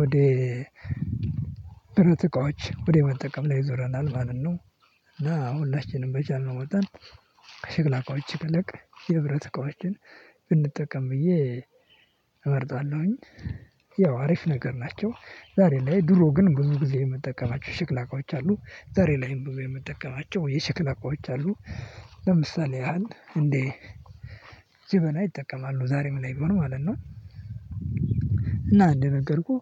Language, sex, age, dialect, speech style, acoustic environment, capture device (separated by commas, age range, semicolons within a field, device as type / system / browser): Amharic, male, 18-24, Ethiopia - Addis Ababa, happy; nervous, people talking; silence; music, mobile / Linux / Chrome